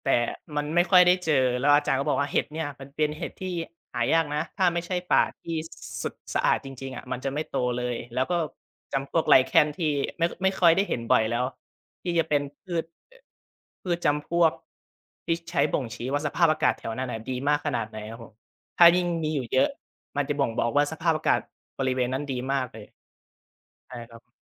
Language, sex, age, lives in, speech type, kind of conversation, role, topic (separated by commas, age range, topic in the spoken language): Thai, male, 20-24, Thailand, conversation, podcast, guest, คุณช่วยเล่าเกี่ยวกับประสบการณ์เดินทางที่ประทับใจที่สุดของคุณให้ฟังหน่อยได้ไหม?